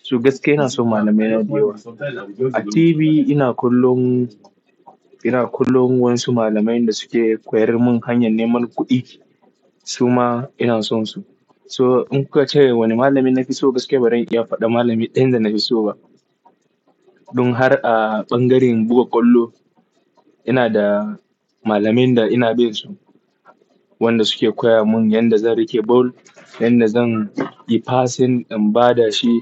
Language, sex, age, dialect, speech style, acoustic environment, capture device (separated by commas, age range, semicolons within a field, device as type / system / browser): Hausa, male, 18-24, Nigeria - Nigerian Standard, relaxed, appliances; people talking, desktop / Linux / Chrome